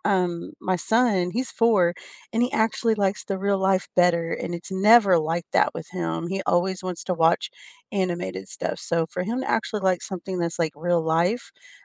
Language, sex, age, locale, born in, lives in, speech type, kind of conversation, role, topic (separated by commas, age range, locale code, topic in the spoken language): English, female, 35-39, en-US, United States, United States, conversation, unstructured, none, When do remakes or updates actually improve a classic for you?